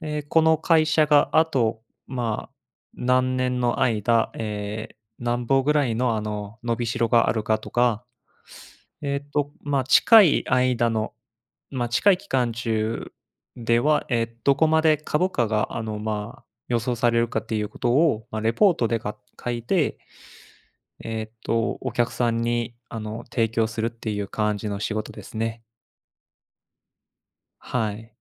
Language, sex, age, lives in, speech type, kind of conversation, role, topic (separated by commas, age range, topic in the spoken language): Japanese, male, 25-29, Japan, conversation, unstructured, none, ロボットが人間の代わりになる未来は怖いですか？